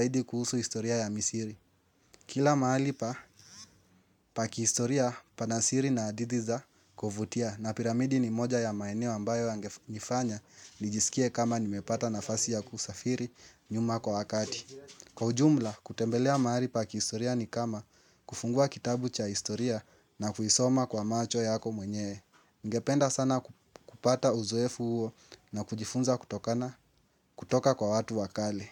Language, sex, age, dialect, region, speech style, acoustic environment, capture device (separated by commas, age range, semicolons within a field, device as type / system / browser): Swahili, male, 18-24, Kenya - Nairobi Sheng-influenced, Kenya, happy; anxious; excited; focused, nature, mobile / Linux / Chrome